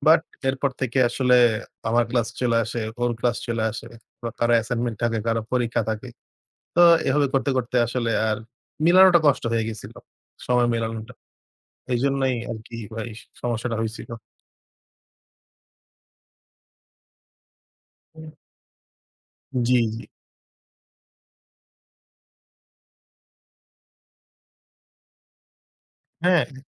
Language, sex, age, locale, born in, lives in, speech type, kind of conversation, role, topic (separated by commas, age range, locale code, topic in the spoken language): Bengali, male, 20-24, bn-BD, Bangladesh, Bangladesh, conversation, unstructured, none, তুমি কীভাবে দৈনন্দিন শরীরচর্চাকে মজার করে তোলো?